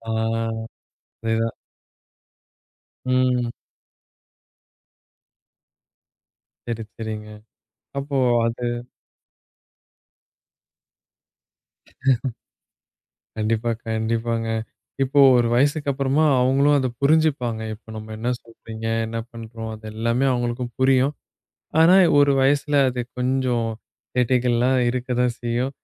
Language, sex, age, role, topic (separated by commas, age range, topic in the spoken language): Tamil, male, 20-24, host, பிள்ளைகளின் திரை நேரத்துக்கான எல்லைகளை எப்படி நிர்ணயிப்பீர்கள்?